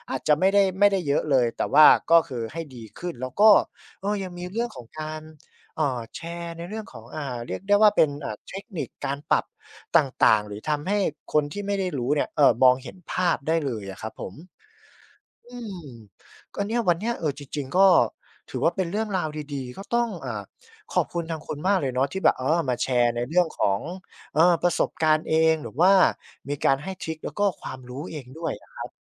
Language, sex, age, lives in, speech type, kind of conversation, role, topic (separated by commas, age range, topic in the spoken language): Thai, male, 25-29, Thailand, conversation, podcast, host, คุณมีวิธีแปลงความรู้สึกเป็นผลงานอย่างไร?